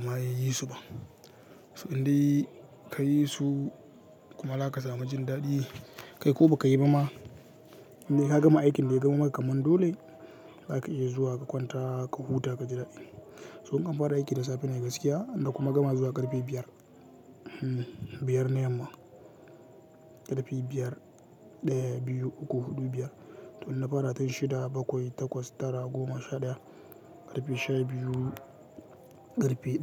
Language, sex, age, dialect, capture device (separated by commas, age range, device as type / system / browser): Hausa, male, 18-24, Nigeria - Katsina, mobile / Linux / Chrome